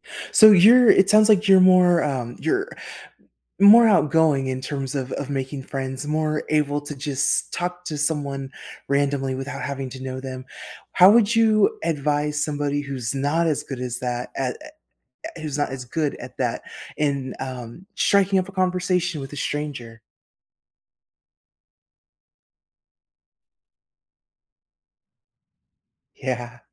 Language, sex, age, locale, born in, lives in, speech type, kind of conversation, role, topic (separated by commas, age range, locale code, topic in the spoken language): English, female, 35-39, en-US, United States, United States, conversation, podcast, host, What are some effective ways to build meaningful connections in unfamiliar environments?